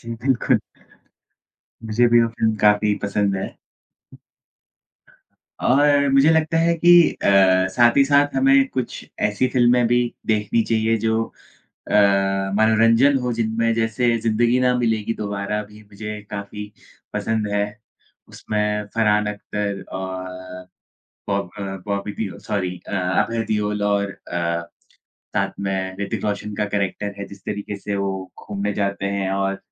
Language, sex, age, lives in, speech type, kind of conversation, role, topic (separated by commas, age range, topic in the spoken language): Hindi, male, 20-24, India, conversation, unstructured, none, आप किस फिल्म के किस किरदार से सबसे ज्यादा जुड़ाव महसूस करते हैं?